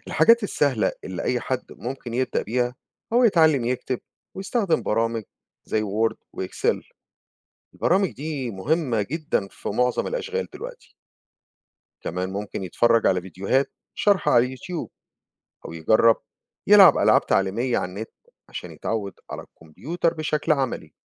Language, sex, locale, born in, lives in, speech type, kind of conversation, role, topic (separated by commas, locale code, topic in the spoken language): Arabic, male, ar-EG, Egypt, United States, read, expert-assistant, expert, ما دور التكنولوجيا في تسهيل الحياة اليومية؟